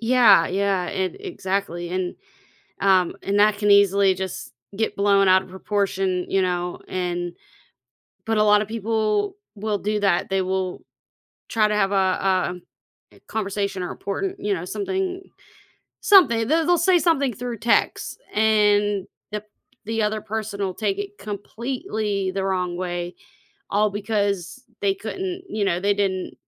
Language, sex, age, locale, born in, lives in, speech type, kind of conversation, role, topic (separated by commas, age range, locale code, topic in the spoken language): English, female, 35-39, en-US, United States, United States, conversation, podcast, guest, What are some effective ways to resolve misunderstandings in conversations?